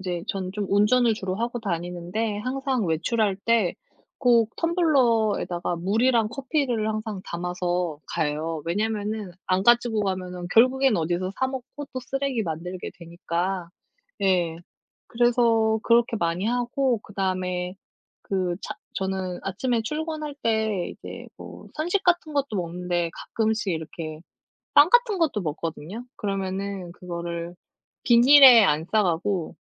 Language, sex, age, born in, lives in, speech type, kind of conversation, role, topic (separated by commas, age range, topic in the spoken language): Korean, female, 35-39, South Korea, South Korea, conversation, unstructured, none, 일상에서 쓰레기를 줄이는 방법에는 어떤 것들이 있을까요?